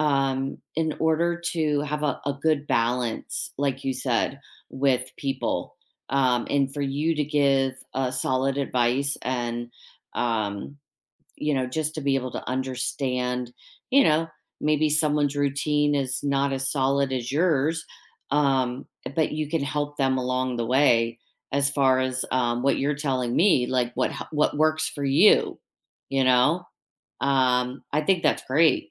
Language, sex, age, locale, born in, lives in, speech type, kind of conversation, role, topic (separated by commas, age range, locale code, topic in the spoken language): English, female, 50-54, en-US, United States, United States, conversation, unstructured, none, Which tiny daily routine has noticeably improved your day, and what’s the story behind it?